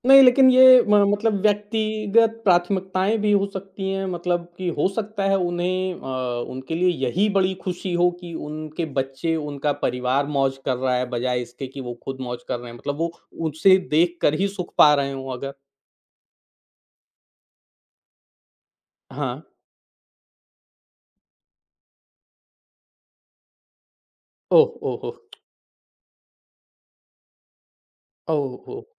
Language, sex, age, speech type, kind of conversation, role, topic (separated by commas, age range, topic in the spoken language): Hindi, male, 40-44, conversation, podcast, host, आप पैसे और खुशी के बीच संतुलन कैसे बनाते हैं?